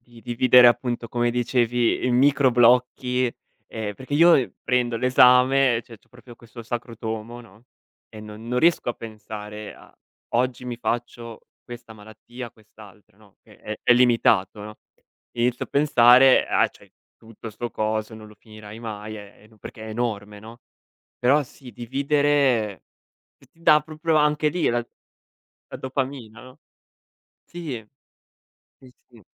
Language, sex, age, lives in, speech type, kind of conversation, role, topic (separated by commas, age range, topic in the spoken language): Italian, male, 25-29, Italy, conversation, advice, user, Perché faccio fatica a iniziare sessioni di lavoro concentrato anche quando ho tempo libero?